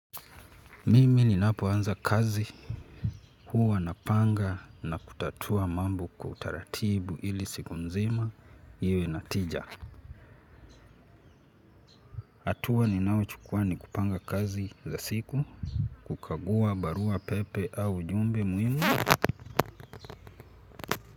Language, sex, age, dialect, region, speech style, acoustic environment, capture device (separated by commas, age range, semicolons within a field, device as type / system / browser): Swahili, male, 18-24, Kenya - Nairobi Sheng-influenced, Kenya, happy; relaxed; focused, nature; silence, mobile / Linux / Chrome